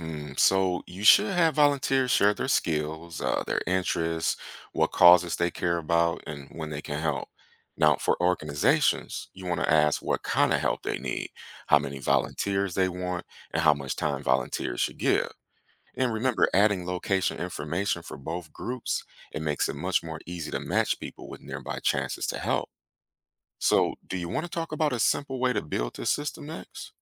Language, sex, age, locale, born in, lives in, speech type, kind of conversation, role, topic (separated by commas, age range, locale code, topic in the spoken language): English, male, 35-39, en-US, United States, United States, read, expert-assistant, expert, How do you create a local volunteer matching system?